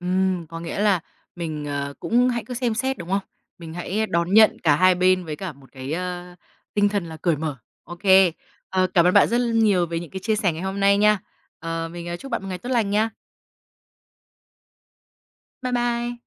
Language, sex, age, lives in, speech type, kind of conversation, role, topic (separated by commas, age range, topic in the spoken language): Vietnamese, female, 25-29, Vietnam, conversation, podcast, host, Bạn từng cảm thấy xung đột giữa truyền thống và hiện đại không?